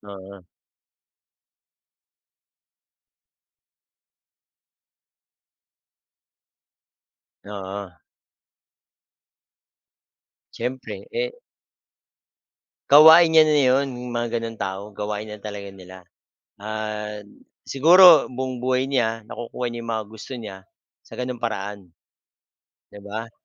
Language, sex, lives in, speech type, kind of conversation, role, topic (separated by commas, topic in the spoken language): Filipino, male, Philippines, conversation, unstructured, none, Ano ang palagay mo sa mga taong nagpapanggap para makuha ang gusto nila?